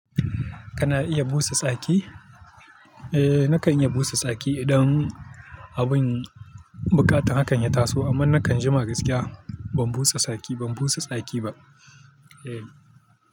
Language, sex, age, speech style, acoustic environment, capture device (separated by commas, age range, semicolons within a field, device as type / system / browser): Hausa, male, 25-34, focused, people talking; nature; traffic, mobile / Linux / Chrome